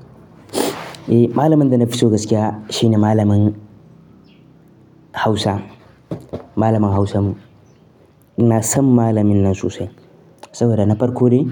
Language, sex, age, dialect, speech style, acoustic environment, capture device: Hausa, male, 18-24, Nigeria - Nigerian Standard, happy; focused, nature, mobile / Linux / Chrome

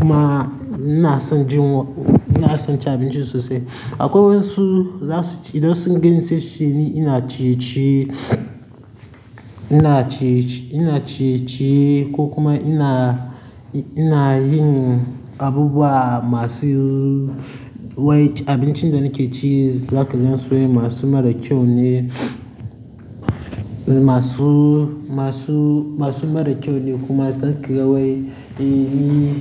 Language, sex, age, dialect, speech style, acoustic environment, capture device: Hausa, male, 18-24, Nigeria - Nigerian Standard, happy; nervous; tired, people talking; traffic; construction, mobile / Linux / Chrome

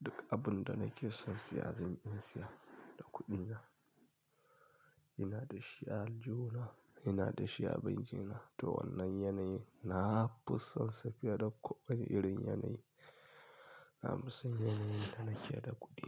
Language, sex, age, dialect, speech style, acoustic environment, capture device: Hausa, male, 25-34, Nigeria - Kano, happy; anxious; tired; relaxed; stressed; focused; excited; nervous, people talking; music; nature; silence; traffic; construction; appliances, mobile / Linux / Chrome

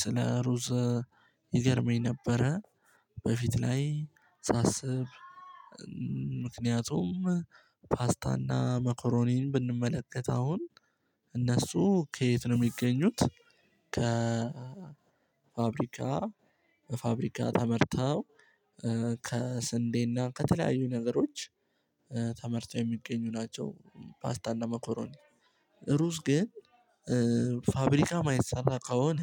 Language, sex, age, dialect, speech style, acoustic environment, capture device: Amharic, male, 18-24, Ethiopia - Addis Ababa, excited, silence, mobile / Linux / Chrome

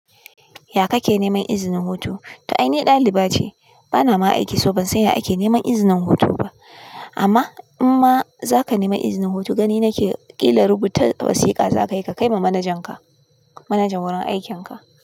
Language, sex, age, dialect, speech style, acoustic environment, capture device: Hausa, female, 25-34, Nigeria - Kano, tired; nervous, music; appliances, desktop / Linux / Chrome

